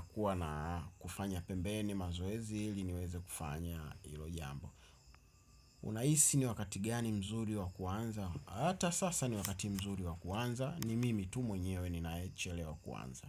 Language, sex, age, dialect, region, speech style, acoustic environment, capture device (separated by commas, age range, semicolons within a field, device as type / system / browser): Swahili, male, 25-34, Tanzania - Dar es Salaam, Tanzania, excited, traffic; nature, desktop / Windows / Chrome